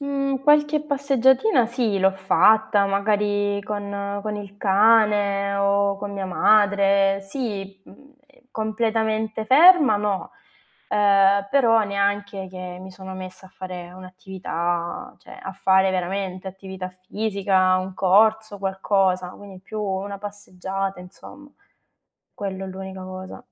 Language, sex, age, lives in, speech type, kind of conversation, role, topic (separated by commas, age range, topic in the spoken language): Italian, female, 25-29, Italy, conversation, advice, user, Come posso gestire l’ansia nel riprendere l’attività fisica dopo un lungo periodo di inattività?